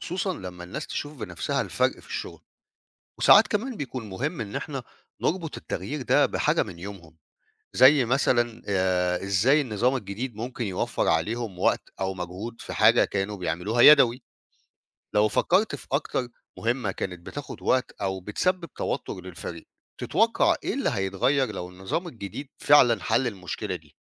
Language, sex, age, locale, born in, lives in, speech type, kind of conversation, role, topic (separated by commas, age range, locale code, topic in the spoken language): Arabic, male, 50-54, ar-EG, Egypt, Portugal, read, expert-assistant, expert, إزاي ممكن نخلي الشغل الإداري في المستشفى أسهل بالتكنولوجيا؟